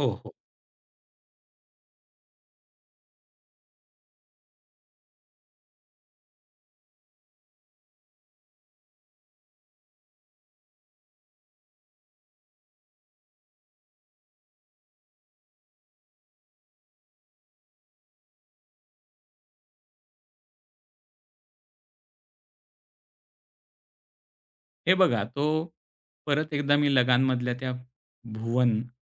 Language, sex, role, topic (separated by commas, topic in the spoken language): Marathi, male, guest, चित्रपटातील एखादं पात्र तुला का भावतं, याबद्दल तू बोलशील का?